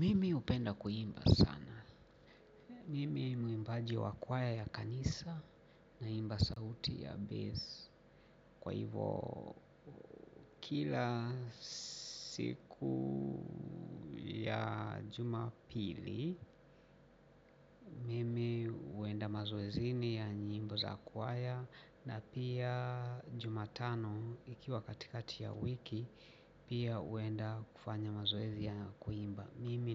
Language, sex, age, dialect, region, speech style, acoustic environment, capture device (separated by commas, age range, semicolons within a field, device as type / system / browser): Swahili, male, 45-54, Kenya - Mombasa, Kenya, relaxed, people talking; appliances, mobile / Linux / Chrome